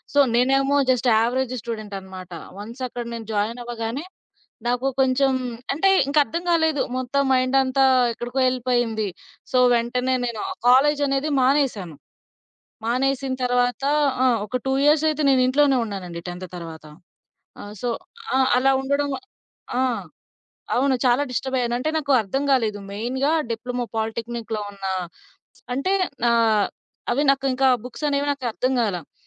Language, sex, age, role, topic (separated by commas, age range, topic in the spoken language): Telugu, female, 20-24, guest, ఒక నిర్ణయం మీ జీవితం మార్చిందా?